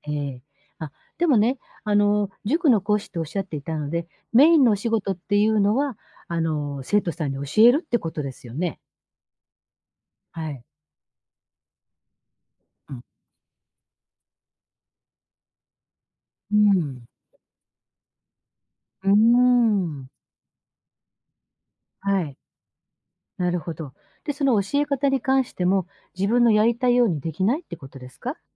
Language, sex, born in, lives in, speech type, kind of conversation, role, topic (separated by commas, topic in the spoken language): Japanese, female, Japan, Japan, conversation, advice, advisor, 仕事で自分らしさを出せず疲れているのはなぜですか？